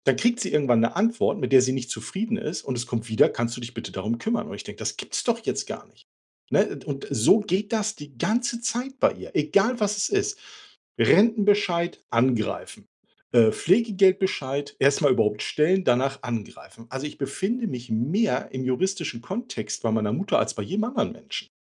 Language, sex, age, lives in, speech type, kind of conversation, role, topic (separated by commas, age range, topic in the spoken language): German, male, 45-49, Germany, conversation, advice, user, Wie kann ich als Schwester oder Bruder in meiner Familie Grenzen setzen, ohne Streit zu verursachen?